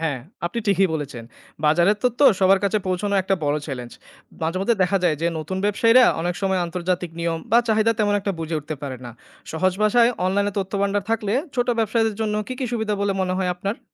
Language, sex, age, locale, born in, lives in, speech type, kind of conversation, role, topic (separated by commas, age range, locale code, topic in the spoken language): Bengali, male, 25-29, bn-BD, Bangladesh, Bangladesh, read, expert-assistant, expert, কেন কিছু দেশ বিশ্ববাণিজ্যে সফলভাবে অংশ নিতে পারে, অথচ অন্য দেশগুলি পিছিয়ে পড়ে?